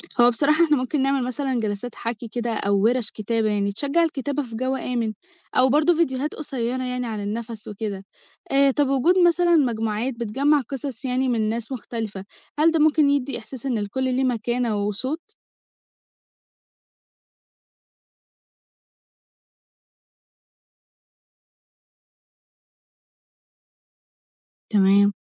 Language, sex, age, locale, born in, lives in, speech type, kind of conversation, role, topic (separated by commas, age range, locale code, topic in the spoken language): Arabic, female, 18-19, ar-EG, Egypt, Egypt, read, expert-assistant, asker, لو كان كل واحد فينا عنده القدرة إنه يصنع قناته الإعلامية الخاصة ويوصل صوته للناس كلها، المجتمع كان هيبقى عامل إزاي؟